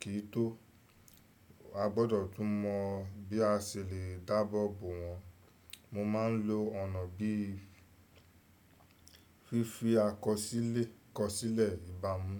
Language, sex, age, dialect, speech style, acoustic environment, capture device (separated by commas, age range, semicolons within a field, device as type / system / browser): Yoruba, male, 25-34, Nigeria - Lagos Yoruba, excited, nature, desktop / Windows / Chrome